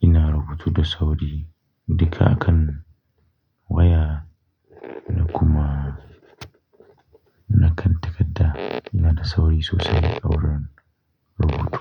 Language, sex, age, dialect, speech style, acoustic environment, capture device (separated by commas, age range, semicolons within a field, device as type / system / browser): Hausa, male, 25-34, Nigeria - Kano, relaxed; focused, nature; silence, mobile / Linux / Chrome